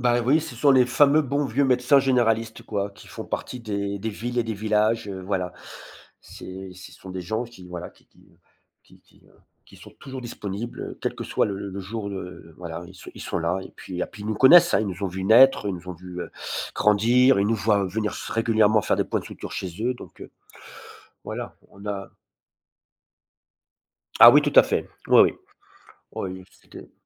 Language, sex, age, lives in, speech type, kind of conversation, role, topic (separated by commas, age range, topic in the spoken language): French, male, 50-54, France, conversation, podcast, guest, Quel souvenir d’enfance t’a vraiment marqué ?